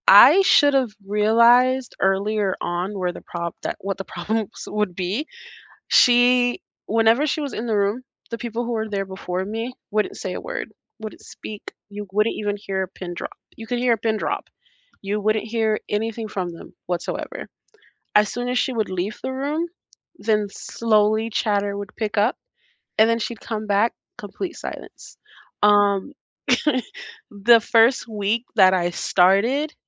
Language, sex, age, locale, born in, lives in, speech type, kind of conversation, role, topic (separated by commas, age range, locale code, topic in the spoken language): English, female, 25-29, en-US, United States, United States, conversation, unstructured, none, Have you ever been stuck in a toxic work environment?